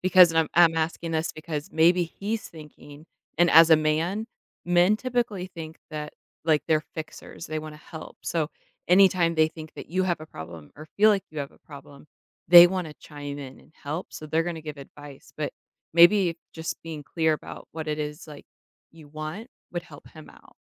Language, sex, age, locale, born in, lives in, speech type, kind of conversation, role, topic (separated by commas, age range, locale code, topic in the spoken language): English, female, 30-34, en-US, United States, United States, conversation, advice, advisor, How can I reconnect with my partner?